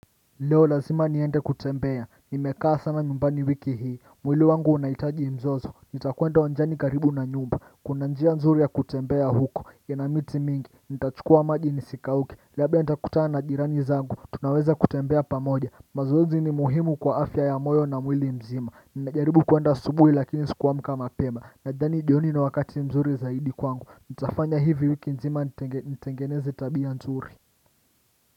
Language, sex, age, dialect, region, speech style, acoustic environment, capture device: Swahili, male, 25-34, Kenya - Mombasa, Kenya, happy; excited; focused, nature, desktop / Windows / Chrome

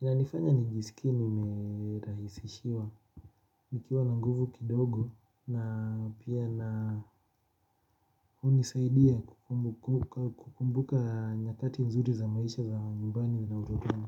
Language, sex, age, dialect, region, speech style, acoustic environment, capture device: Swahili, male, 25-34, Kenya - Nairobi Sheng-influenced, Kenya, relaxed, silence, mobile / Linux / Chrome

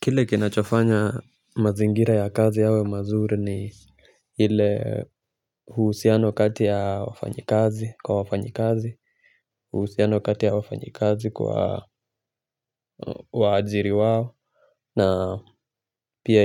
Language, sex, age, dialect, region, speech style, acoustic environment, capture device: Swahili, male, 25-34, Kenya - Nairobi Sheng-influenced, Kenya, relaxed, silence, mobile / Linux / Chrome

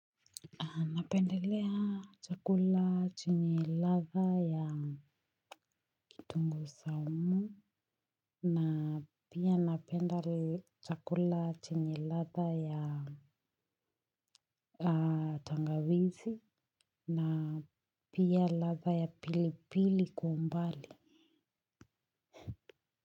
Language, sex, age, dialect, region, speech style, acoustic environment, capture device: Swahili, female, 25-34, Kenya - Nairobi Sheng-influenced, Kenya, happy, silence, mobile / Linux / Chrome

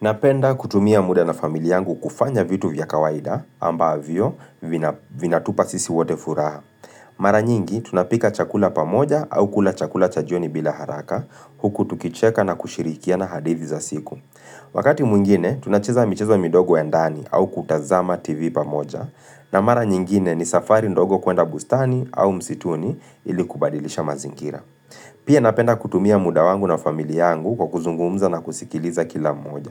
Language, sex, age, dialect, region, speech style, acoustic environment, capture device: Swahili, male, 25-34, Kenya - Nairobi Sheng-influenced, Kenya, focused; relaxed, people talking; music; appliances, mobile / Linux / Chrome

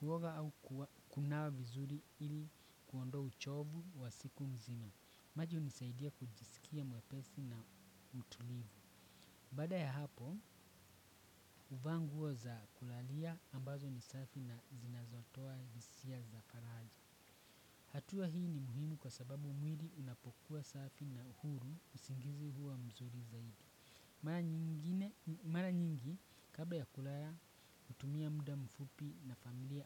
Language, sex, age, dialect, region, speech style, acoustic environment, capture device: Swahili, male, 25-34, Kenya - Nairobi Sheng-influenced, Kenya, excited, nature; appliances, desktop / Windows / Chrome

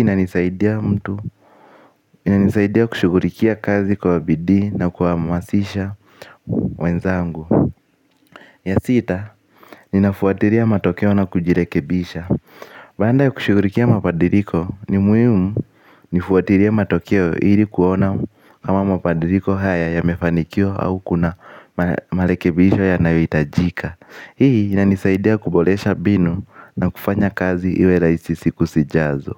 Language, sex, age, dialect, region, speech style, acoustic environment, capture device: Swahili, male, 18-24, Kenya - Nairobi Sheng-influenced, Kenya, excited; focused, nature, mobile / Linux / Chrome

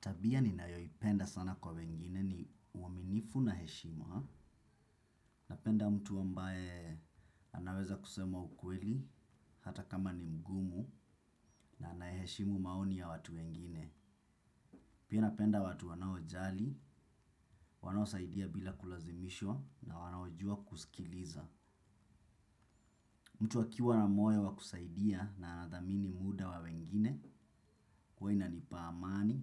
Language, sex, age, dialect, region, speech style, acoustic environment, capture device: Swahili, male, 25-34, Kenya - Mombasa, Kenya, relaxed; focused, silence; nature, desktop / Windows / Chrome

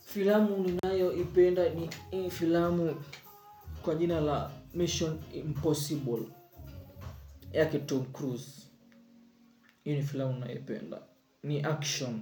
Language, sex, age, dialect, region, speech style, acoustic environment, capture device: Swahili, male, 25-34, Kenya - Mombasa, Kenya, relaxed, music; nature, mobile / Linux / Chrome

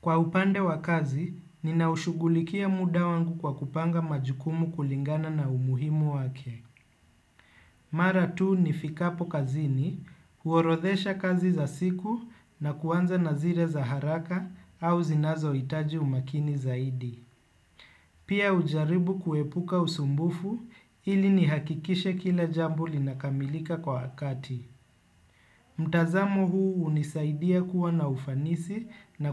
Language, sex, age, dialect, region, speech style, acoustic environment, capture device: Swahili, male, 25-34, Kenya - Nairobi Sheng-influenced, Kenya, relaxed, people talking; appliances, desktop / Windows / Chrome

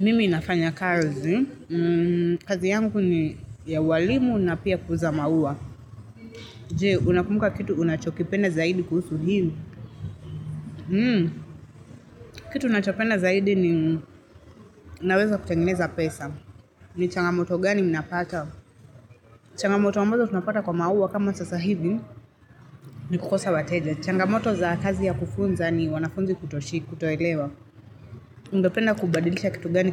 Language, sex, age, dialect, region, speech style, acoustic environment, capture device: Swahili, female, 25-34, Kenya - Nairobi Sheng-influenced, Kenya, relaxed, traffic, mobile / Linux / Chrome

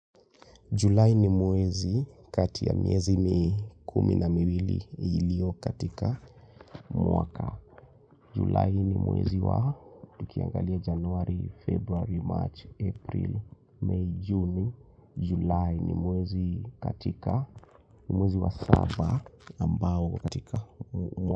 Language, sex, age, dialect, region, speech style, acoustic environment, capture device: Swahili, male, 25-34, Kenya - Nairobi Sheng-influenced, Kenya, relaxed; tired; focused; excited; nervous, silence, mobile / Linux / Chrome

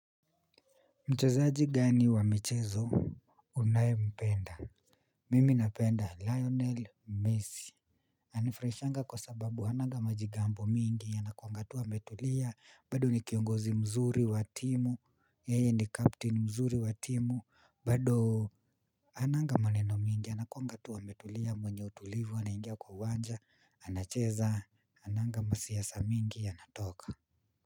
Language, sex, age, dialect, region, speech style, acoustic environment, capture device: Swahili, male, 25-34, Kenya - Nairobi Sheng-influenced, Kenya, relaxed, nature, mobile / Linux / Chrome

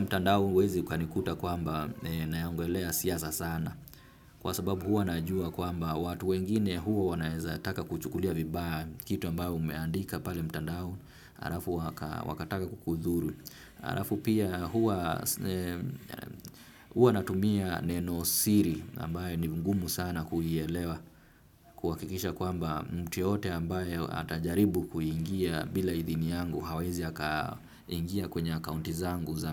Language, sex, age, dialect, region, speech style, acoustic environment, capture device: Swahili, male, 25-34, Kenya - Nairobi Sheng-influenced, Kenya, relaxed, traffic, desktop / Windows / Firefox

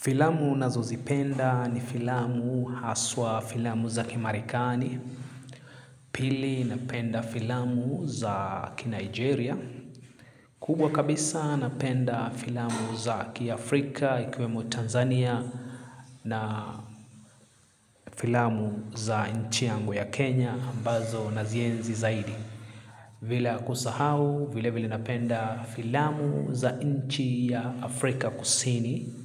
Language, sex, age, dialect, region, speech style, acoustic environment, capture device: Swahili, male, 25-34, Kenya - Nairobi Sheng-influenced, Kenya, anxious; relaxed; excited; focused, silence, mobile / Linux / Chrome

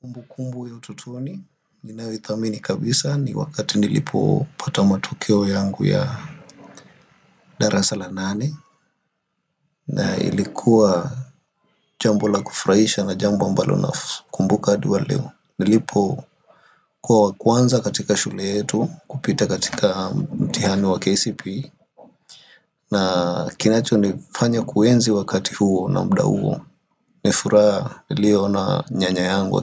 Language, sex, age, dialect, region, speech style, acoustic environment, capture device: Swahili, male, 25-34, Kenya - Nairobi Sheng-influenced, Kenya, relaxed; happy, nature; silence, desktop / Windows / Firefox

